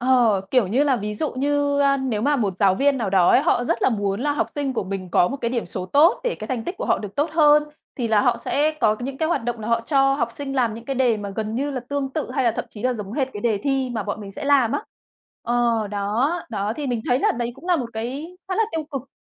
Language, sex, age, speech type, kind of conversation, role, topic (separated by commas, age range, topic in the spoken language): Vietnamese, female, 30-34, conversation, podcast, guest, Bạn nghĩ trường học nên ưu tiên niềm vui học hay điểm số?